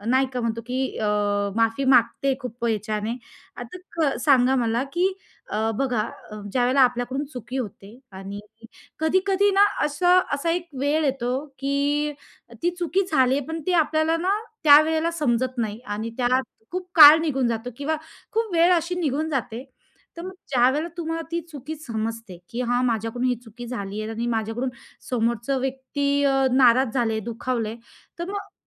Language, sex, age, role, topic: Marathi, female, 30-34, host, माफी मागताना कोणते शब्द कसे वापरावेत?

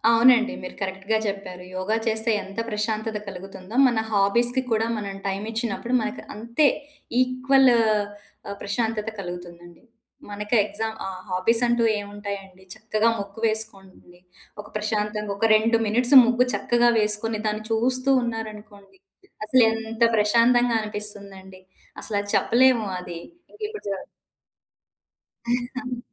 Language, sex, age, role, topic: Telugu, female, 40-44, guest, నిద్రకు వెళ్లేటప్పుడు మనసును ప్రశాంతం చేసుకోవడానికి మీరు పాటించే సూచనలు ఏమిటి?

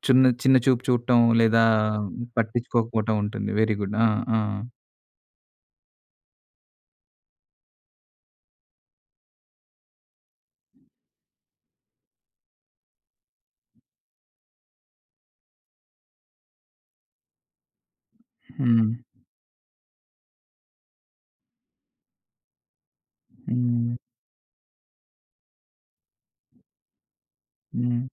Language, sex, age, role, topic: Telugu, male, 40-44, host, పాత కుటుంబ కథలు మీ జీవితాన్ని ఎలా ఆకారించాయి?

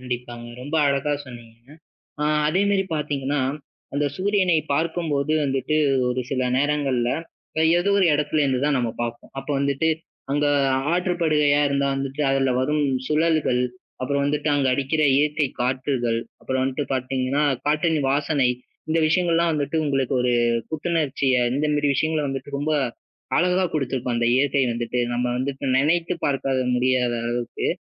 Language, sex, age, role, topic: Tamil, male, 20-24, host, சூரியோதயத்தையோ சூரியாஸ்தமனத்தையோ பார்த்த உங்கள் வாழ்க்கையில் மறக்க முடியாத சிறந்த தருணம் எது?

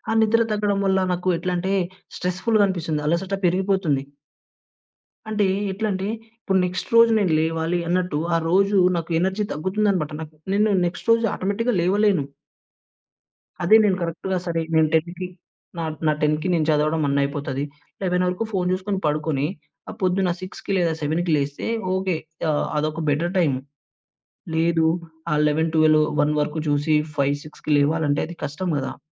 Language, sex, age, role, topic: Telugu, male, 20-24, guest, సామాజిక మాధ్యమాలు మన రోజువారీ జీవితాన్ని ఎలా ప్రభావితం చేస్తాయి?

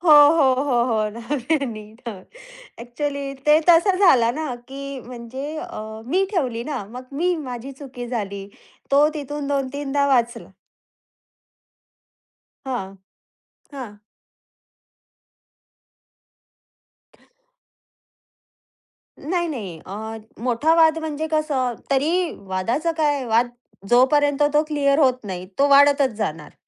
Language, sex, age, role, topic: Marathi, female, 30-34, guest, कुटुंबात वाद सामान्यतः कोणत्या कारणांमुळे होतात, असं तुम्हाला वाटतं?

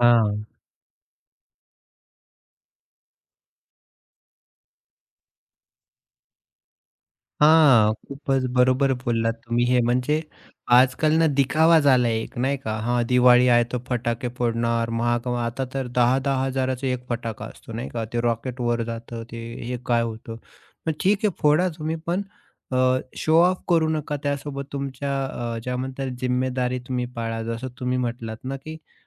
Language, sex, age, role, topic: Marathi, male, 30-34, host, तुमच्या घरात आवडता सण तुम्ही कसा साजरा करता?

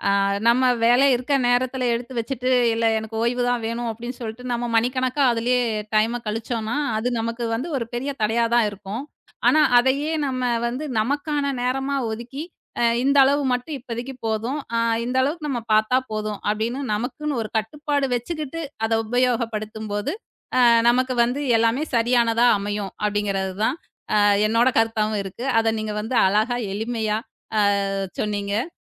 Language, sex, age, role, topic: Tamil, female, 35-39, host, தொழில்நுட்பம் உன் ஓய்வை மேம்படுத்த உதவுகிறதா அல்லது தடையாக இருக்கிறதா?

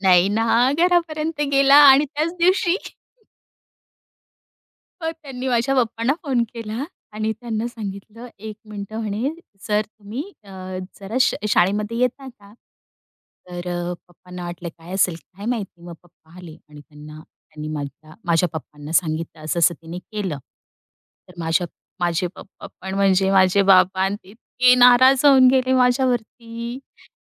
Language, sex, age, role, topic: Marathi, female, 35-39, guest, चुकांमधून शिकण्याचा तुमचा अनुभव कसा आहे, आणि त्याबद्दल काही किस्से सांगाल का?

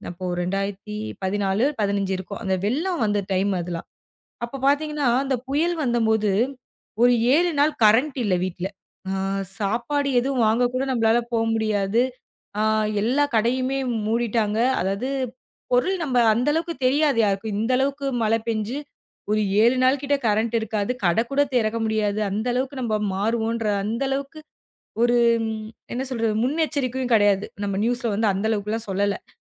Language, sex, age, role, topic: Tamil, female, 25-29, guest, மழை நாளில் வீட்டிலேயே நீங்கள் செய்யும் செயல்கள் உங்களுக்கு எப்படி ஆனந்தம் தருகின்றன?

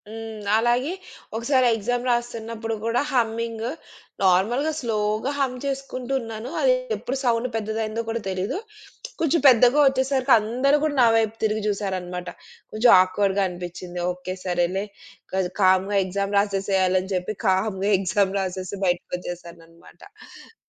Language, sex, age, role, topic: Telugu, female, 35-39, guest, మీ పాఠశాల లేదా కళాశాల రోజుల్ని గుర్తు చేసే పాట ఏది?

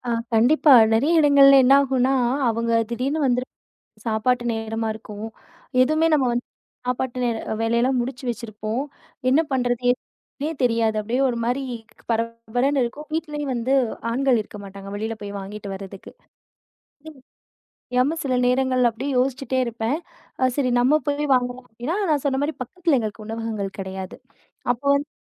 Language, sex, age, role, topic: Tamil, female, 30-34, guest, விருந்தினரை உங்கள் வீட்டில் எப்படி வரவேற்கிறீர்கள்?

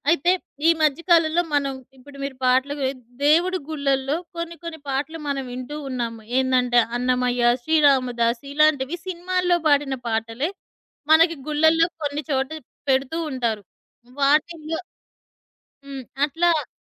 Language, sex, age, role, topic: Telugu, female, 40-44, host, ఒక పాట వింటే మీ ఊరు వెంటనే గుర్తుకు వస్తుందా?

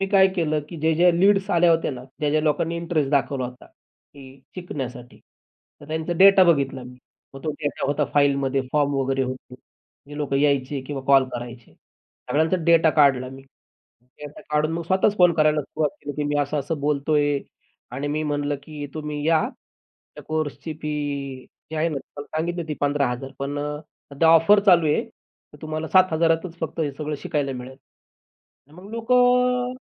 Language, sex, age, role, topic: Marathi, male, 35-39, guest, तुझ्या आयुष्यातील सर्वात धाडसी पाऊल कोणतं होतं?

